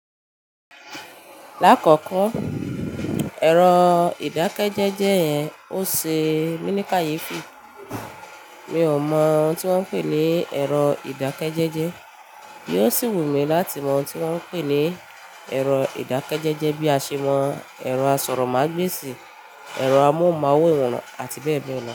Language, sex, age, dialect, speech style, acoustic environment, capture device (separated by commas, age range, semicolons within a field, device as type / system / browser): Yoruba, female, 25-34, Nigeria - Ibadan, happy, appliances, mobile / Linux / Chrome